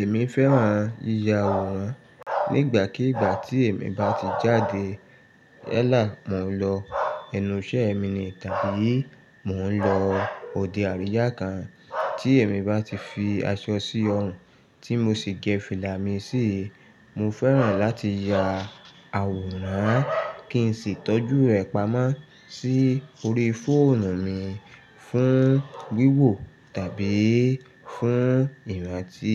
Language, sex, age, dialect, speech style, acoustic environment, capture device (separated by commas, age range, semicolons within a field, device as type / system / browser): Yoruba, male, 35-44, Nigeria - Lagos Yoruba, focused, nature; silence; traffic, mobile / Linux / Chrome